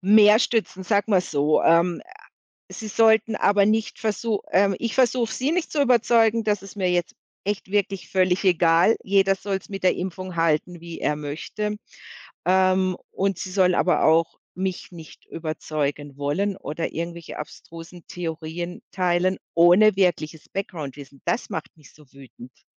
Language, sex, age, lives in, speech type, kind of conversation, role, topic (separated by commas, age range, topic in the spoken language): German, female, 55-59, Germany, conversation, podcast, guest, Wie hat die Pandemie deine Kontakte verändert?